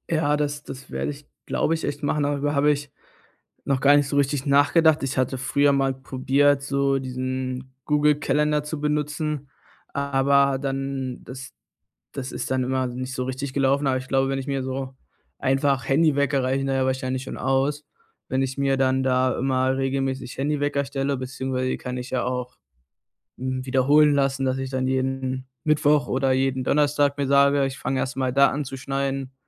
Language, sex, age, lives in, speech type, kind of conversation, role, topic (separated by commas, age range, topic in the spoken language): German, male, 20-24, Germany, conversation, advice, user, Wie kann ich aufhören zu prokrastinieren und wirklich anfangen?